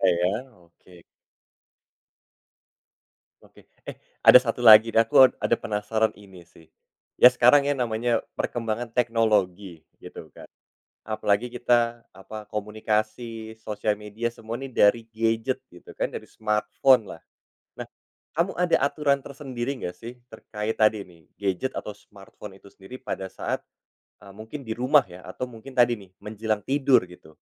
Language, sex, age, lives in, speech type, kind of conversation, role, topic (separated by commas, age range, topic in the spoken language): Indonesian, male, 30-34, Indonesia, conversation, podcast, host, Apa tips Anda untuk bisa tidur lebih cepat tanpa obat?